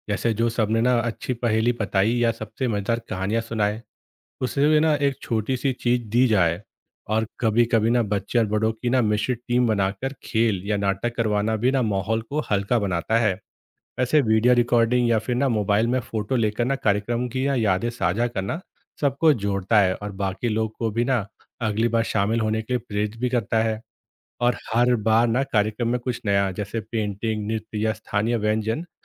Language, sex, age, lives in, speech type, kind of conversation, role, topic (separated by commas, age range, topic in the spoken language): Hindi, male, 30-34, India, read, expert-assistant, expert, भाषा बचाने के लिए बच्चों को कैसे जोड़ा जाए?